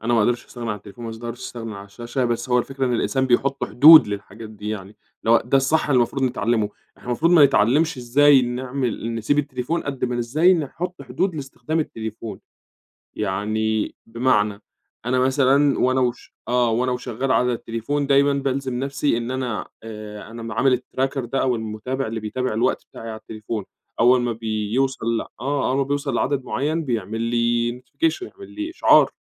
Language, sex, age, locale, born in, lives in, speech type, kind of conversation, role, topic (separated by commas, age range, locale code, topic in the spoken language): Arabic, male, 25-29, ar-EG, Egypt, Egypt, conversation, podcast, guest, إزاي بتحافظ على نوم كويس رغم وجود الشاشات؟